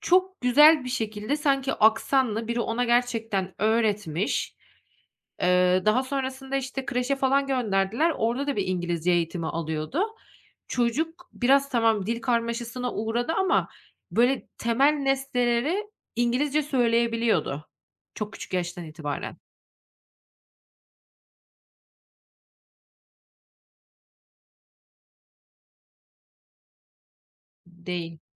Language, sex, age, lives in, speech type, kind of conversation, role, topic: Turkish, female, 30-34, Netherlands, conversation, podcast, guest, Nostaljik çizgi filmlerden hangisi seni en çok etkiledi?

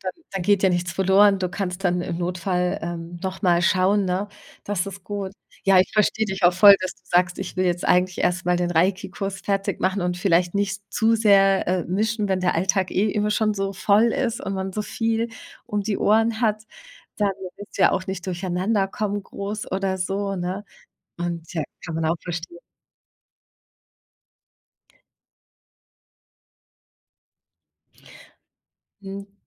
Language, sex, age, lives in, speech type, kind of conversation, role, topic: German, female, 40-44, Germany, conversation, advice, advisor, Wie überwinde ich das Aufschieben und fange sofort ohne Ausreden an?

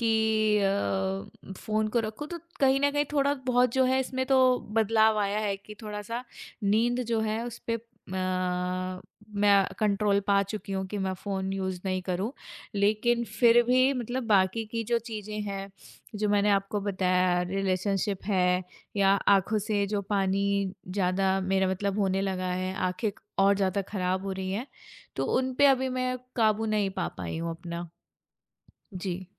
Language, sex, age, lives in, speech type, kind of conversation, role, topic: Hindi, female, 25-29, India, conversation, advice, user, मैं फोन और स्क्रीन पर बिताया समय कम करने की कोशिश कैसे कर सकता/सकती हूँ?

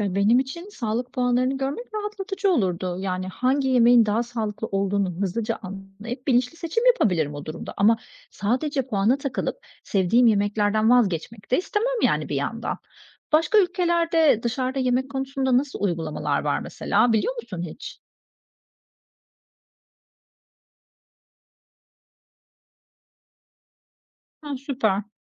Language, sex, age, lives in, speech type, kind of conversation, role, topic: Turkish, female, 40-44, Greece, read, expert-assistant, asker, Bir gün marketlerde tüm yiyeceklerin üzerinde gıda güvenliğiyle ilgili puanlama sistemi olsa, tüketici tercihleri ve gıda sektörü nasıl değişirdi?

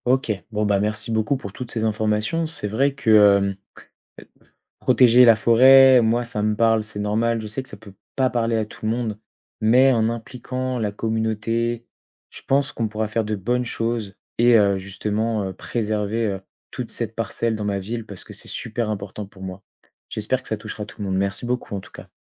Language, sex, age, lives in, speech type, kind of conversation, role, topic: French, male, 20-24, France, read, expert-assistant, asker, Comment organiser concrètement un projet pour protéger une forêt menacée tout en impliquant la communauté locale ?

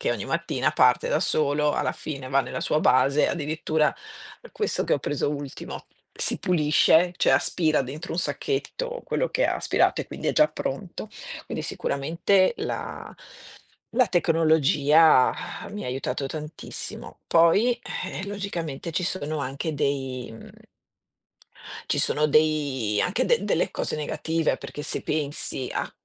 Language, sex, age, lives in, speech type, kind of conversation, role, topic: Italian, female, 50-54, Italy, conversation, unstructured, none, Come ha cambiato la tecnologia la tua vita quotidiana?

